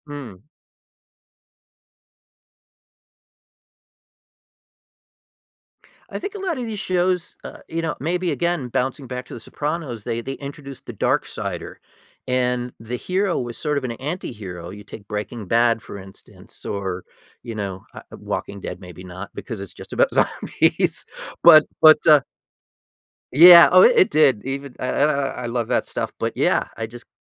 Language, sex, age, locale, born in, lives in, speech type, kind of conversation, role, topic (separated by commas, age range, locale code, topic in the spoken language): English, male, 55-59, en-US, United States, United States, conversation, podcast, host, Why do some TV shows keep us watching episode after episode?